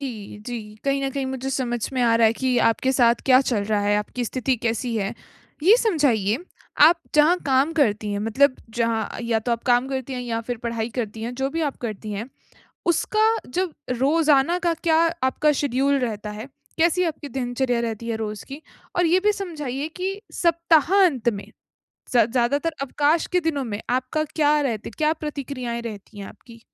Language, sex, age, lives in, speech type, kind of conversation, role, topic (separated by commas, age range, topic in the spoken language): Hindi, female, 25-29, India, conversation, advice, advisor, मैं हर रात एक ही समय पर कैसे सो सकता/सकती हूँ?